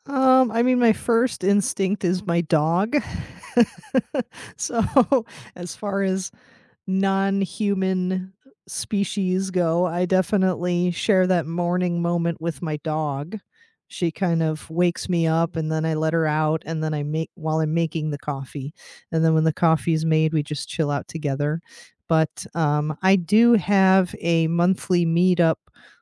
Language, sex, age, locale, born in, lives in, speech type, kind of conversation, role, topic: English, female, 40-44, en-US, United States, United States, conversation, unstructured, none, What small daily traditions shape your day and deepen your connections with family, friends, or yourself?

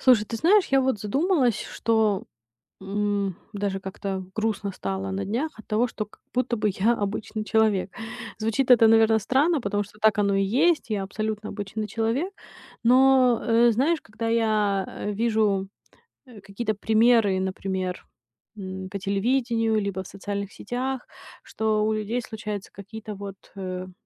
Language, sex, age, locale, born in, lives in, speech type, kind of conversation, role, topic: Russian, female, 30-34, ru-RU, Ukraine, United States, conversation, advice, user, Как начать замечать и отмечать свои маленькие победы каждый день?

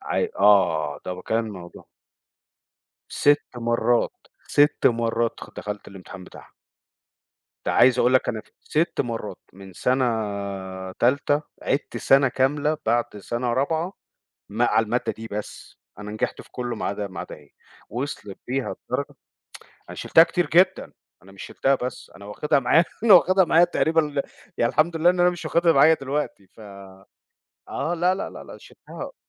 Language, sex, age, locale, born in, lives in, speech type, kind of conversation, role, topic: Arabic, male, 40-44, ar-EG, Egypt, United States, conversation, podcast, guest, إزاي تفضل مكمل وما تبطلش لما الحماس يروح؟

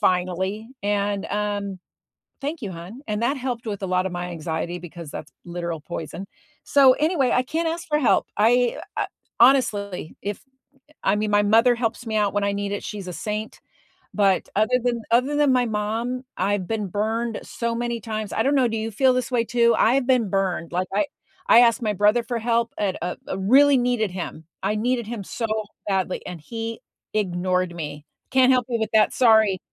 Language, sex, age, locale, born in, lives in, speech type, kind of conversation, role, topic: English, female, 60-64, en-US, United States, United States, conversation, unstructured, none, What stops people from asking for help when they need it?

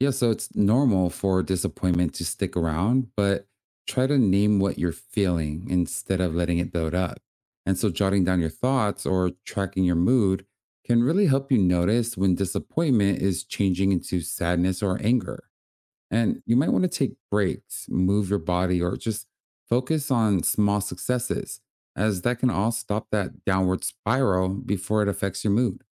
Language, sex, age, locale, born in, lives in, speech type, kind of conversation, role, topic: English, male, 30-34, en-US, United States, United States, read, expert-assistant, expert, How do people effectively cope with disappointment?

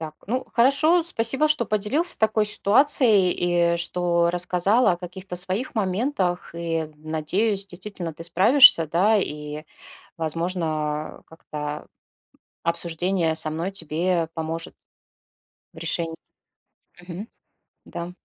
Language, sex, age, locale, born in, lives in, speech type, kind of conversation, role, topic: Russian, female, 40-44, ru-RU, Russia, Spain, conversation, advice, advisor, Как вы справляетесь с давлением друзей выпить алкоголь или совершить рискованные действия?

